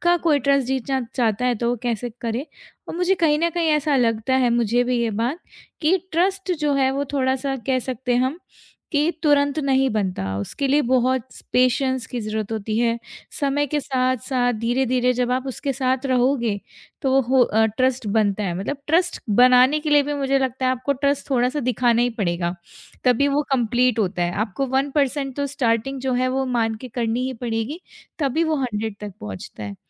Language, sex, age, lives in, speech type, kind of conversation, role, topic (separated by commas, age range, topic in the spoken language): Hindi, female, 25-29, India, conversation, podcast, host, Jab kisi ka trust jeetna ho, tum kaise baat karte ho yaar?